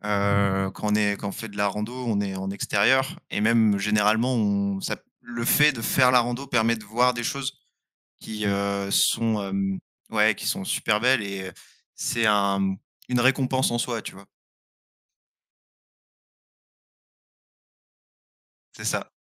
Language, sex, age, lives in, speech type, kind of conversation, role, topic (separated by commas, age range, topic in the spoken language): French, male, 25-29, France, conversation, unstructured, none, Que ressens-tu après avoir atteint un objectif sportif ?